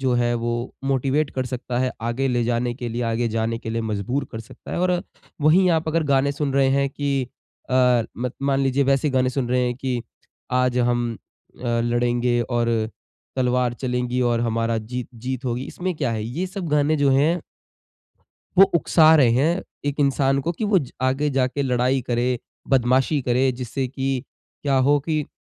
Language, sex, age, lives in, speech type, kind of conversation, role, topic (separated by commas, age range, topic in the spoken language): Hindi, male, 20-24, India, conversation, podcast, guest, Tum naye gaane kaise dhoondhte ho?